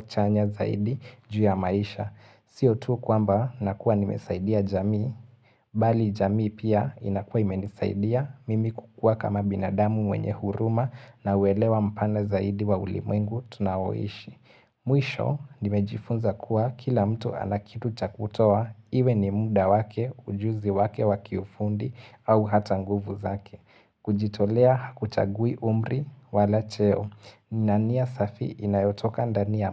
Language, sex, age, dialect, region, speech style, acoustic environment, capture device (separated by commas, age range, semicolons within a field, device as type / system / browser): Swahili, male, 18-24, Kenya - Mombasa, Kenya, focused; relaxed, silence, mobile / Linux / Chrome